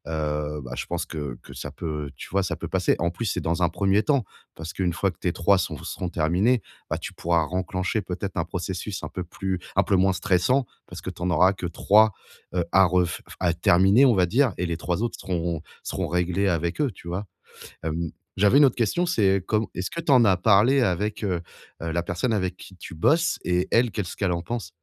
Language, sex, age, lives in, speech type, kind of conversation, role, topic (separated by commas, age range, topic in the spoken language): French, male, 35-39, France, conversation, advice, advisor, Comment les contraintes de temps peuvent-elles stimuler ma créativité ?